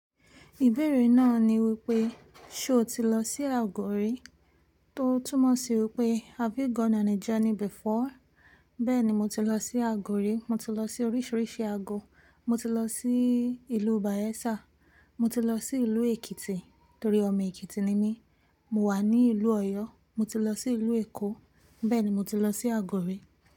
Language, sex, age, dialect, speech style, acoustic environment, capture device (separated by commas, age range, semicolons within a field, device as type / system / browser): Yoruba, female, 18-24, Nigeria - Ibadan, focused, nature, mobile / Linux / Chrome